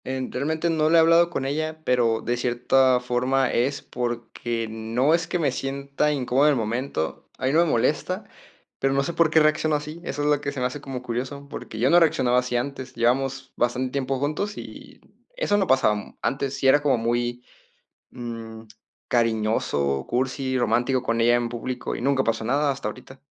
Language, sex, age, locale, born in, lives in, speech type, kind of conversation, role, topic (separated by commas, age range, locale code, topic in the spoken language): Spanish, male, 20-24, es-MX, Mexico, Mexico, conversation, advice, user, ¿Cómo puedo manejar la inseguridad al interactuar con mi pareja en público?